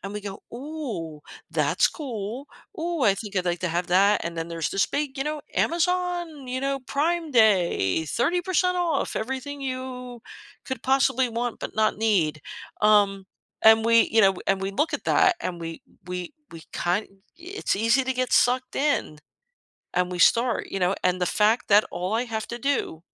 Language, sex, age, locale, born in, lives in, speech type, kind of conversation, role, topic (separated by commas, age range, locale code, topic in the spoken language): English, female, 55-59, en-US, United States, United States, conversation, podcast, guest, What factors influence impulse buying and how can people manage them?